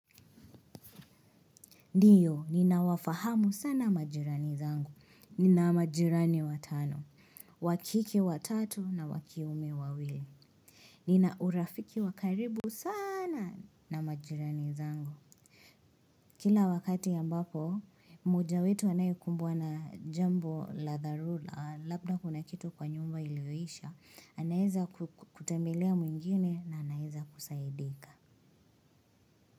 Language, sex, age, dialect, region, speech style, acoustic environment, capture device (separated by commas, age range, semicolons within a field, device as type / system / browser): Swahili, female, 25-34, Kenya - Nairobi Sheng-influenced, Kenya, excited; anxious, silence, mobile / Linux / Chrome